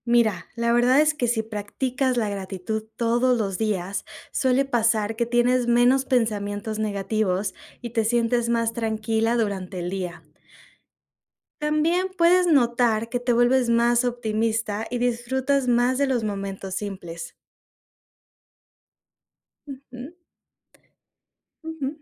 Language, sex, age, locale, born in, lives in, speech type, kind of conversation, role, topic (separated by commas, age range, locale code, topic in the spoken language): Spanish, female, 25-29, es-MX, Mexico, Mexico, read, expert-assistant, expert, El papel de la gratitud en el bienestar personal